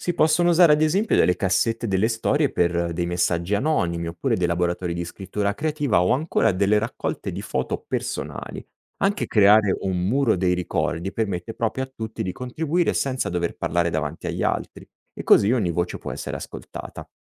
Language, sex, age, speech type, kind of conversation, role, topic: Italian, male, 35-39, read, expert-assistant, expert, Come potremmo trasformare uno spazio pubblico con l’arte visiva, coinvolgendo la comunità?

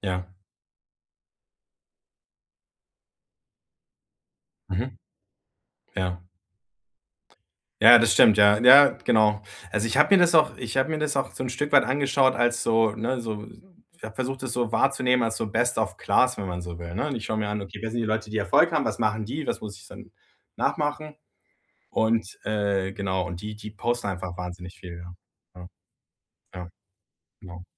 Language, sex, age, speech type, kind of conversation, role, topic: German, male, 40-44, conversation, advice, user, Wie kann ich öffentlich experimentieren, ohne Angst vor Fehlern zu haben?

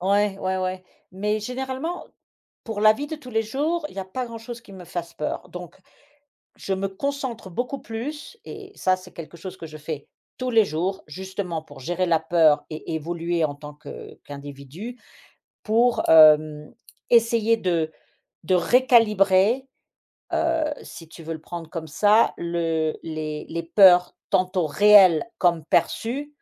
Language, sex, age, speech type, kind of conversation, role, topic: French, female, 50-54, conversation, podcast, guest, Franchement, comment tu gères la peur quand tu veux évoluer ?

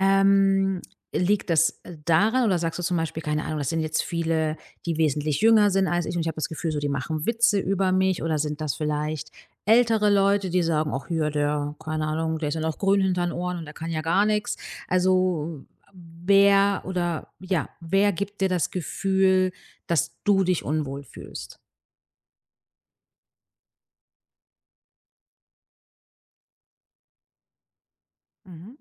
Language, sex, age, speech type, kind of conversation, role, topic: German, female, 35-39, conversation, advice, advisor, Wie kann ich mit Unwohlsein und Scham im Fitnessstudio umgehen, wenn ich Angst vor den Blicken anderer habe?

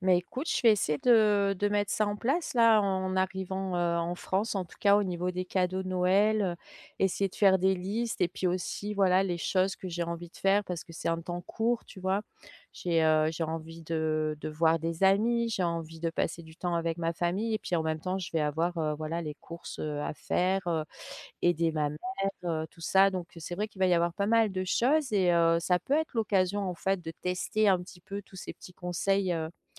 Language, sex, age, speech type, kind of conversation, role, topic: French, female, 45-49, conversation, advice, user, Comment créer une routine quotidienne qui renforce ma discipline ?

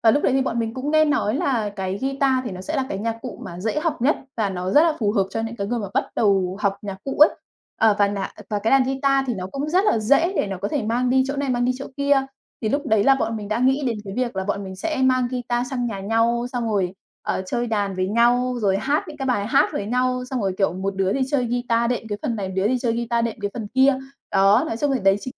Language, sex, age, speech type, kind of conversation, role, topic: Vietnamese, female, 30-34, conversation, podcast, guest, Bạn có kỷ niệm nào về lúc bắt đầu theo đuổi đam mê của mình không?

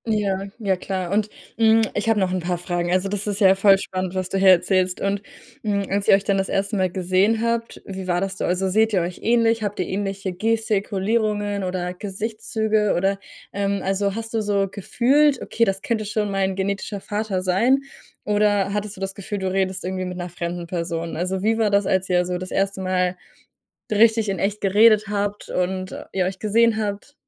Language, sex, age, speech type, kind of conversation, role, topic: German, female, 20-24, conversation, podcast, host, Was war dein lustigstes Missgeschick auf einer Reise?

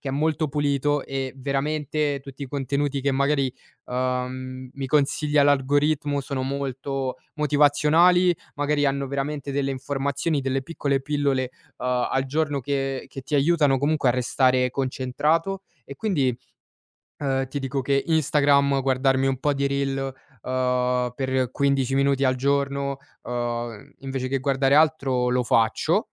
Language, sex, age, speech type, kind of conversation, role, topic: Italian, male, 20-24, conversation, podcast, guest, Come costruisci una nuova abitudine, passo dopo passo?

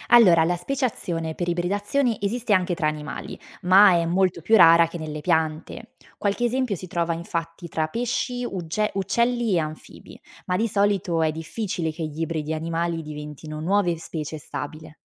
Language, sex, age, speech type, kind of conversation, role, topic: Italian, female, 25-29, read, expert-assistant, expert, Che cosa significa davvero 'evoluzione' quando si parla di vita sulla Terra?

